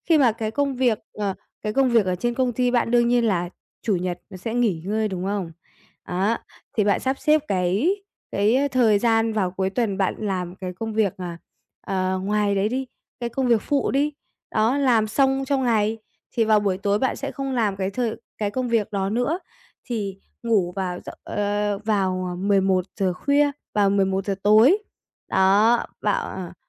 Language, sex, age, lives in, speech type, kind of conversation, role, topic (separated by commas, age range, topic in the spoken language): Vietnamese, female, 45-49, Vietnam, conversation, advice, advisor, Thói quen ăn ngủ kém ảnh hưởng đến năng lượng hằng ngày như thế nào?